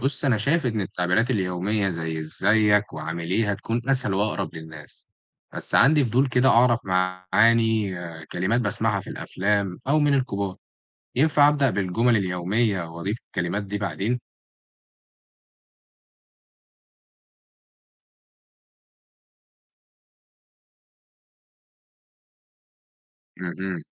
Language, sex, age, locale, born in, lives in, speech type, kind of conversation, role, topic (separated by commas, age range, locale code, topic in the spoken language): Arabic, male, 18-19, ar-EG, Egypt, Egypt, read, expert-assistant, asker, لو بفكر أعمل مشروع صغير عن مقارنة لهجتنا مع لهجات تانية، أبدأ إزاي وأنظمها إزاي؟